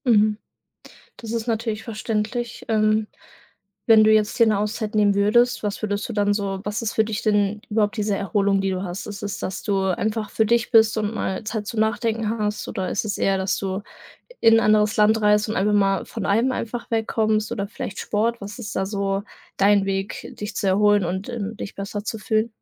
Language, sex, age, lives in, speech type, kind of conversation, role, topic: German, female, 18-19, Germany, conversation, advice, advisor, Wie kann ich mit Schuldgefühlen gegenüber Kolleginnen und Kollegen sowie meiner Familie umgehen, weil ich mich nur langsam erhole?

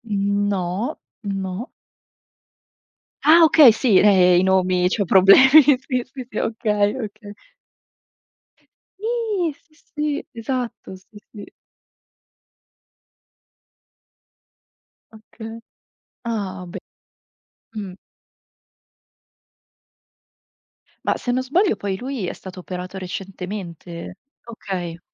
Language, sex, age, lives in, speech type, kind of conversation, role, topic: Italian, female, 25-29, Italy, conversation, unstructured, none, Qual è la tua routine mattutina ideale?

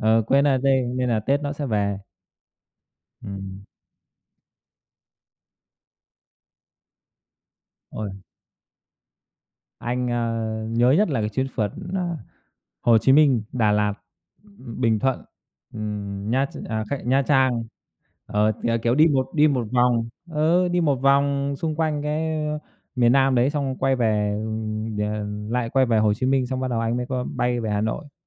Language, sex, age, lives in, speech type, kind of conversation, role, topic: Vietnamese, male, 30-34, Vietnam, conversation, unstructured, none, Điều gì khiến bạn cảm thấy hứng thú nhất khi đi phượt?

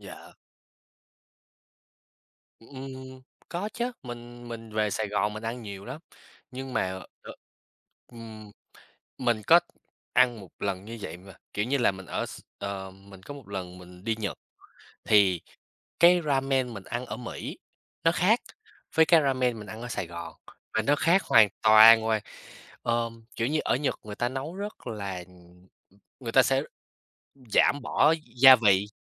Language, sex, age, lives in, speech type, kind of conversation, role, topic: Vietnamese, male, 20-24, United States, conversation, unstructured, none, Bạn đã bao giờ thử một món ăn nước ngoài lạ chưa?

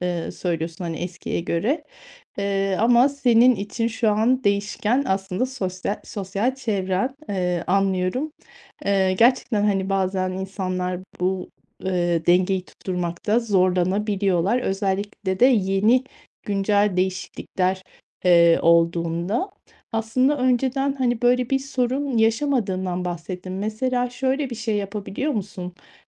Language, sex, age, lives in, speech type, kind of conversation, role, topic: Turkish, female, 40-44, Spain, conversation, advice, advisor, Sosyal baskıyla harcama alışkanlıklarımı dengeleyip tutumlulukla mahrumiyet arasında nasıl bir denge kurabilirim?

